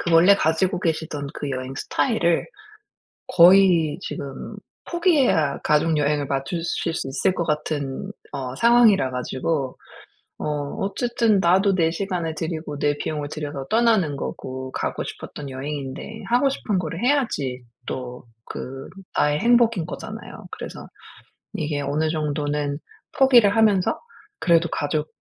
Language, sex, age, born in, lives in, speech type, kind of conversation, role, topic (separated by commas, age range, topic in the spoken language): Korean, female, 40-44, South Korea, United States, conversation, advice, advisor, 낯선 장소에서 여행할 때 불안감을 어떻게 관리할 수 있을까요?